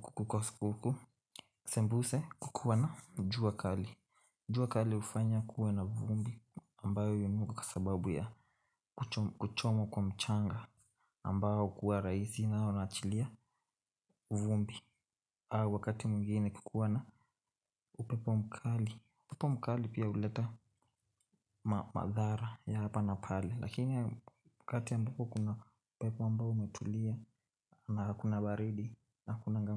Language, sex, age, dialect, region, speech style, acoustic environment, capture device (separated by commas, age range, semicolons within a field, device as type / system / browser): Swahili, male, 18-24, Kenya - Nairobi Sheng-influenced, Kenya, tired; happy, silence, mobile / Linux / Chrome